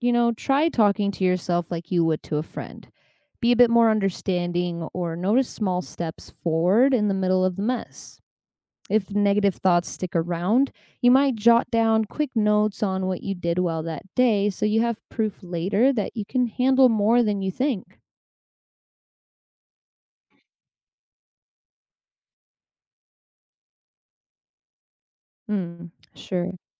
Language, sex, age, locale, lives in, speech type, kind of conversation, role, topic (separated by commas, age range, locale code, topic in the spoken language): English, female, 25-29, en-US, United States, read, expert-assistant, expert, What advice would you give your past self yearly?